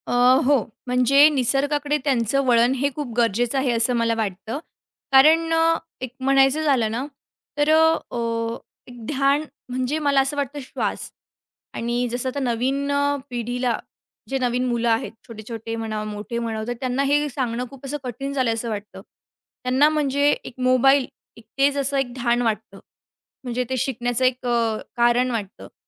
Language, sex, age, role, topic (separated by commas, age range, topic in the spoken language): Marathi, female, 40-44, guest, मुलांना निसर्गात ध्यान शिकवताना तुम्ही काय कराल?